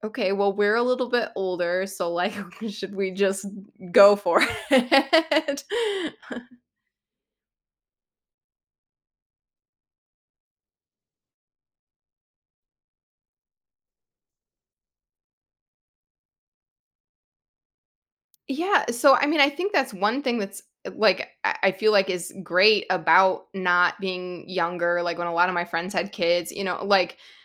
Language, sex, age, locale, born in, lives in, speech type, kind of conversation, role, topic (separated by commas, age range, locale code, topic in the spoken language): English, female, 35-39, en-US, United States, United States, conversation, advice, user, How can I cope with excitement and nervousness as I become a new parent?